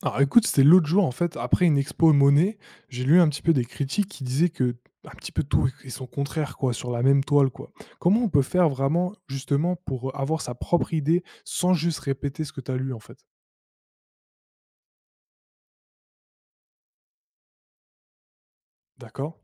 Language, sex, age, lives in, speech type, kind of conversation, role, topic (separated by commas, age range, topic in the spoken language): French, male, 20-24, France, read, expert-assistant, asker, Quand tu lis plusieurs avis sur une œuvre d’art, comment tu t’y prends pour te faire ta propre idée ?